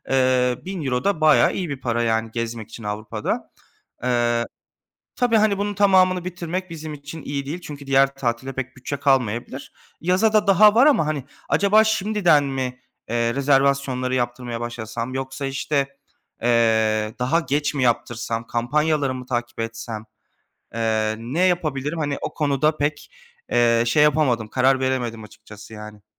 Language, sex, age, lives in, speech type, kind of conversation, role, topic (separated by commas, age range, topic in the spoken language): Turkish, male, 25-29, Germany, conversation, advice, user, Yaz tatili için gezi planı yaparken bütçemi nasıl dengede tutabilirim?